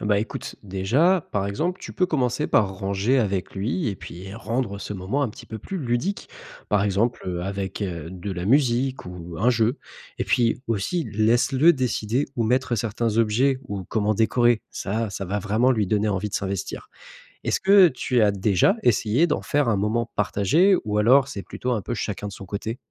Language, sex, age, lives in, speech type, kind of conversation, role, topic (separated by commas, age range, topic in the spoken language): French, male, 25-29, France, read, expert-assistant, expert, Est-ce qu’utiliser des récompenses pour changer les habitudes fonctionne vraiment sur le long terme ?